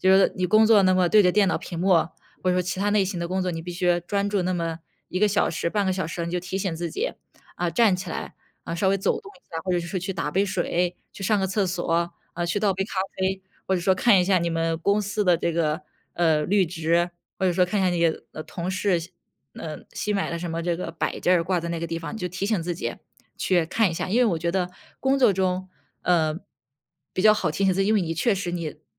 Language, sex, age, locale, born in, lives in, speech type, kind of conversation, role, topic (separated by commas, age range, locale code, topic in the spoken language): Chinese, female, 25-29, zh-CN, China, United States, conversation, advice, advisor, 我久坐不动想改变却缺乏动力，该怎么开始行动？